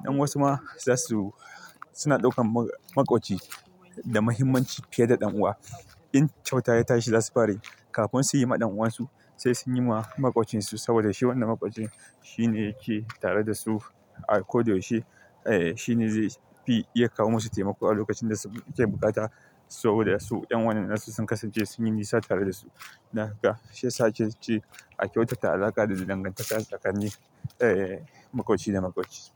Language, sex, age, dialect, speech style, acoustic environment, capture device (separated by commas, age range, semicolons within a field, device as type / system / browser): Hausa, male, 25-34, Nigeria - Kano, happy, silence, desktop / Linux / Chrome